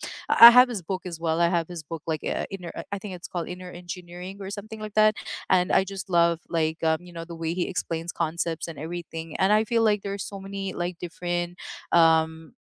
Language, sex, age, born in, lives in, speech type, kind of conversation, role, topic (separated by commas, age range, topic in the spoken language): English, female, 35-39, United States, United States, conversation, unstructured, none, What weekend rituals help you recharge?